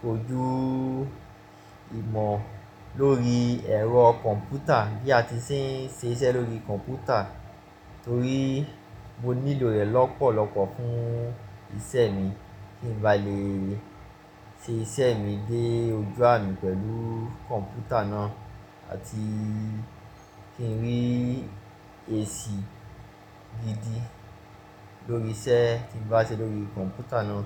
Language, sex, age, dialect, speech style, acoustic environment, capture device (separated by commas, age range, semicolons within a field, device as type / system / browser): Yoruba, male, 25-34, Nigeria - Lagos Yoruba, focused, appliances, mobile / Linux / Chrome